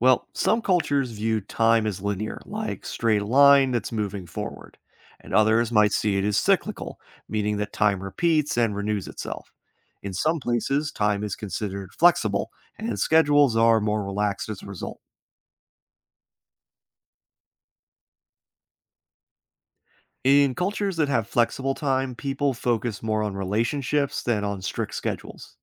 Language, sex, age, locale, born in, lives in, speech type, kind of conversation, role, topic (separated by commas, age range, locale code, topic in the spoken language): English, male, 30-34, en-US, United States, United States, read, expert-assistant, expert, How do different cultures perceive time?